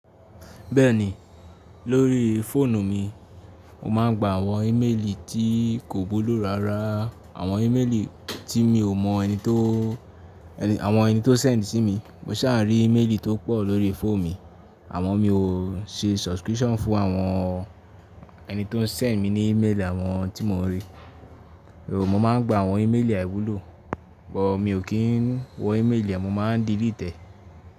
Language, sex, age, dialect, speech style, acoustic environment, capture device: Yoruba, male, 18-24, Nigeria - Ibadan, relaxed, silence, mobile / Linux / Chrome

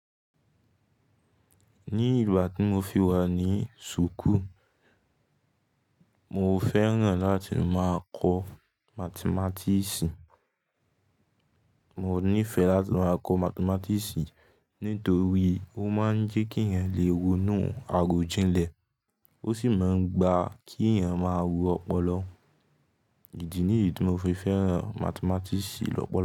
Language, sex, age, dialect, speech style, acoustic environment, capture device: Yoruba, male, 18-24, Nigeria - Ibadan, relaxed; focused, silence, mobile / Linux / Chrome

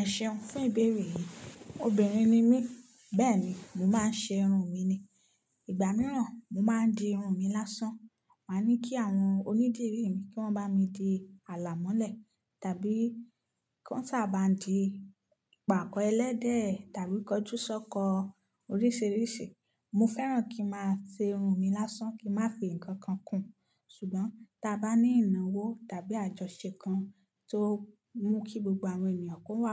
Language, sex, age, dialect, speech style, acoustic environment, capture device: Yoruba, female, 25-34, Nigeria - Nigerian Standard, focused, silence; appliances, mobile / Linux / Chrome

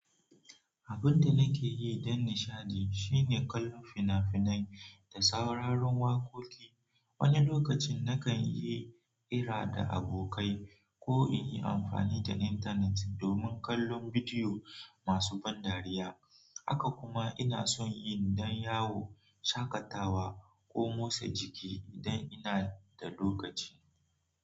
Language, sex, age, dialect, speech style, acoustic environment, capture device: Hausa, male, 18-24, Nigeria - Nigerian Standard, relaxed; focused, nature; silence, mobile / Android / Chrome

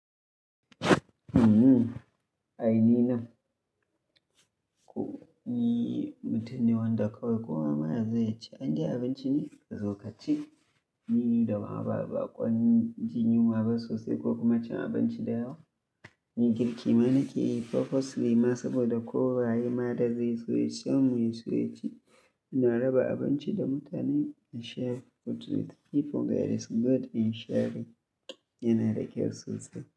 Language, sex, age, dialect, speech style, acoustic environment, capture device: Hausa, male, 25-34, Nigeria - Kano, happy, nature; silence, mobile / Linux / Chrome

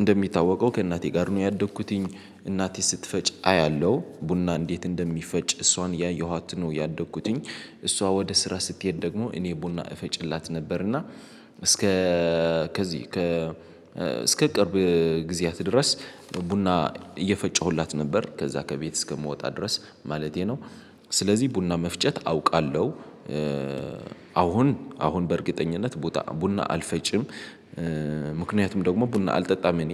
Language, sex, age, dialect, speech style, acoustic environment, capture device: Amharic, male, 18-24, Ethiopia - Addis Ababa, excited, people talking, mobile / Linux / Chrome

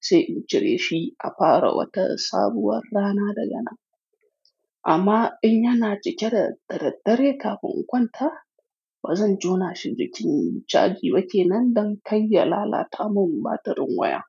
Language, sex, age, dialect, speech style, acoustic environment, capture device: Hausa, male, 25-34, Nigeria - Kano, relaxed, silence, mobile / Linux / Chrome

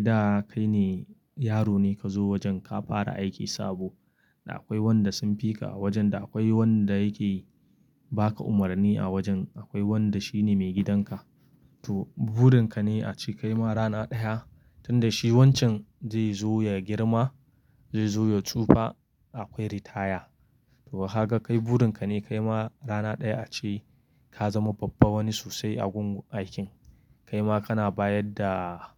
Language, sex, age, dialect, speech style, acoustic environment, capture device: Hausa, male, 25-34, Nigeria - Kano, excited; focused; relaxed; happy, nature, mobile / Linux / Chrome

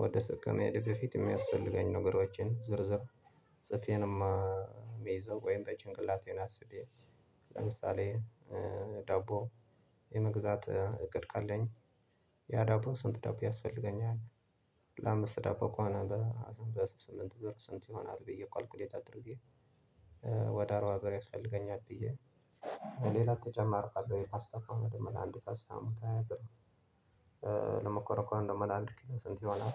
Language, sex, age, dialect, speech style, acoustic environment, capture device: Amharic, male, 25-34, Ethiopia - Tigray, focused; relaxed; anxious, nature, desktop / Linux / Chrome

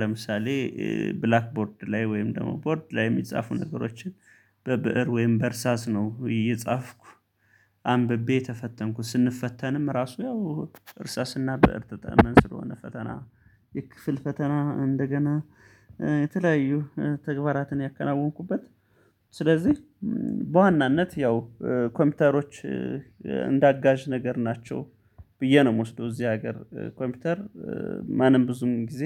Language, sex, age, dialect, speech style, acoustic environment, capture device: Amharic, male, 35-44, Ethiopia - Gondar, happy; relaxed; focused, people talking; nature; traffic; silence, mobile / Linux / Chrome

